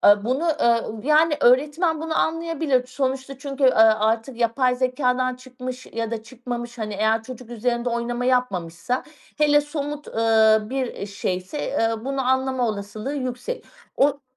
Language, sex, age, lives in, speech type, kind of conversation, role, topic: Turkish, female, 40-44, Portugal, conversation, podcast, guest, Teknolojinin öğrenme üzerindeki etkisini nasıl değerlendiriyorsun?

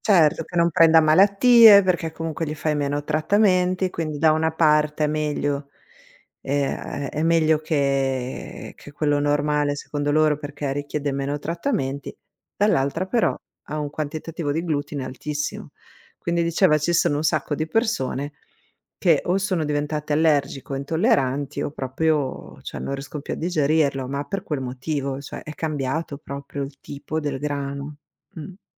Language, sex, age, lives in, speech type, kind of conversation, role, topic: Italian, female, 45-49, United States, conversation, unstructured, none, Come possiamo evitare le trappole del marketing alimentare e risparmiare sulla spesa?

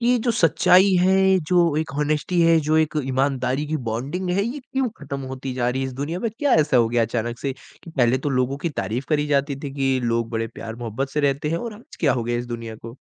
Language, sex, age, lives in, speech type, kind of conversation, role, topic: Hindi, male, 20-24, India, conversation, podcast, host, Kya aapko lagta hai ki imaandari hamesha sabse achchi neeti hoti hai, aur kyun?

